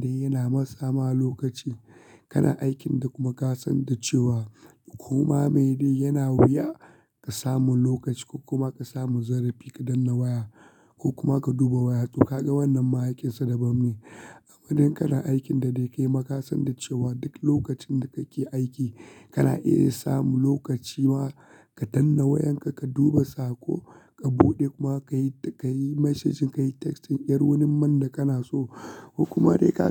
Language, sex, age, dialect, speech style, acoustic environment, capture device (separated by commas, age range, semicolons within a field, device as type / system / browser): Hausa, male, 25-34, Nigeria - Kano, relaxed, silence, desktop / Linux / Chrome